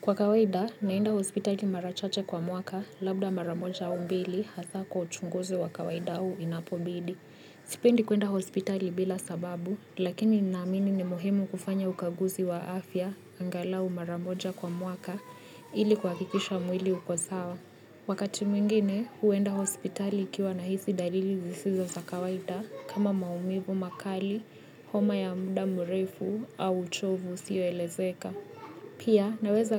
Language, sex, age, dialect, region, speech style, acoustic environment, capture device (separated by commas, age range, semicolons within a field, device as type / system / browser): Swahili, female, 25-34, Kenya - Nairobi Sheng-influenced, Kenya, happy, people talking; music, mobile / Linux / Chrome